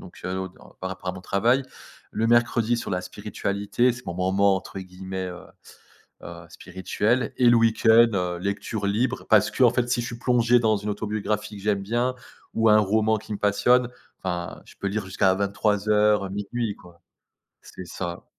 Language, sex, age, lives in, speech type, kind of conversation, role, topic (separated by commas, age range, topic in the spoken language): French, male, 30-34, France, conversation, podcast, guest, Quelle est ta routine du soir pour bien récupérer ?